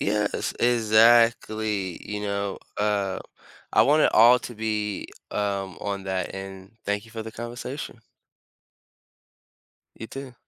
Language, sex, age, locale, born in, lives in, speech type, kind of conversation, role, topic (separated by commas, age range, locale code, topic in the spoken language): English, male, 25-29, en-US, United States, United States, conversation, unstructured, none, What factors shape your choice of who to spend your weekends with?